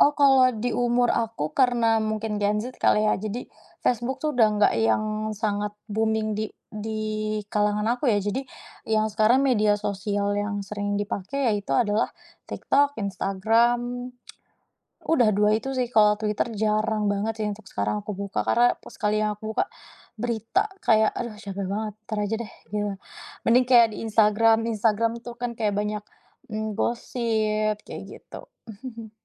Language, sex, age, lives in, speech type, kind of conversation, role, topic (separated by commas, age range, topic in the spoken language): Indonesian, female, 25-29, Indonesia, conversation, podcast, guest, Seberapa sering kamu memakai emoji saat mengobrol lewat chat, dan apa alasannya?